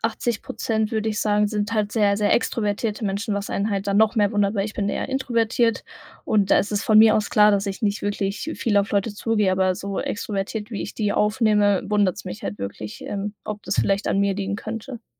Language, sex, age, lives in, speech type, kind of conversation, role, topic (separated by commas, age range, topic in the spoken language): German, female, 18-19, Germany, conversation, advice, user, Wie verstellst du dich, um in einer Gruppe akzeptiert zu werden?